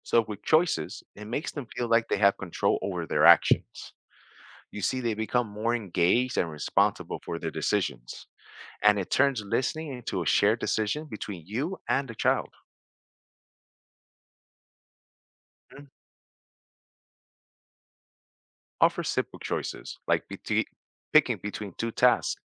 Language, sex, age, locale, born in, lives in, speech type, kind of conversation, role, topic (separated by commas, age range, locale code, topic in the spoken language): English, male, 40-44, en-US, United States, United States, read, expert-assistant, expert, How do I deal with a child who refuses to listen to instructions?